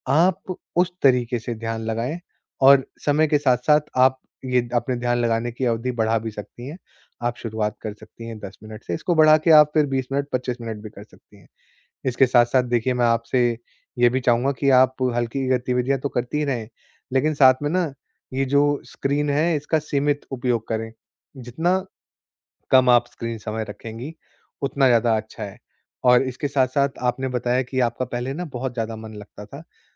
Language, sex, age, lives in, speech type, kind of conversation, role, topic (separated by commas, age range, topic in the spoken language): Hindi, male, 25-29, India, conversation, advice, advisor, मैं घर पर आराम करते हुए अपनी व्याकुलता कैसे कम करूँ?